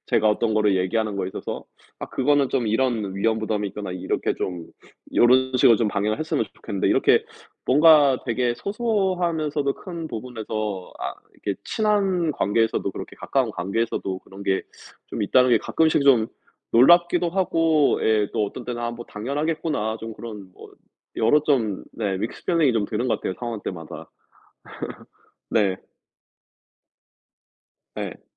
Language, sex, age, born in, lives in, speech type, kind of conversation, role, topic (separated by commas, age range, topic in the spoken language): Korean, male, 35-39, South Korea, United States, conversation, unstructured, none, 다른 사람의 의견을 바꾸는 가장 좋은 방법은 무엇일까요?